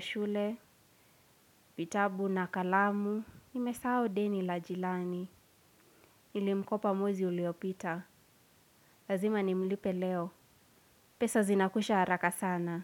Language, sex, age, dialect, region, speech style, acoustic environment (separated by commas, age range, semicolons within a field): Swahili, female, 18-24, Kenya - Nairobi Sheng-influenced, Kenya, relaxed, nature